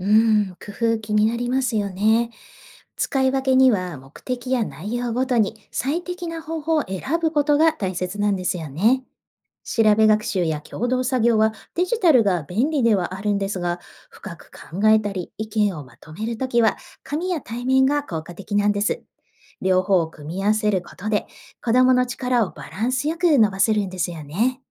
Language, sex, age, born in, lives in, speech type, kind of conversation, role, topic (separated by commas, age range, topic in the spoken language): Japanese, female, 45-49, Japan, Japan, read, expert-assistant, expert, 学校で情報リテラシーを育てるにはどうすればいいですか？